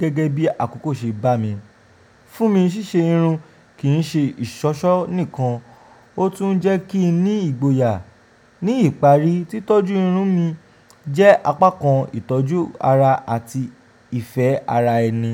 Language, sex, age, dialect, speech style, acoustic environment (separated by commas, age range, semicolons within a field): Yoruba, male, 25-34, Nigeria - Ijebu, relaxed, silence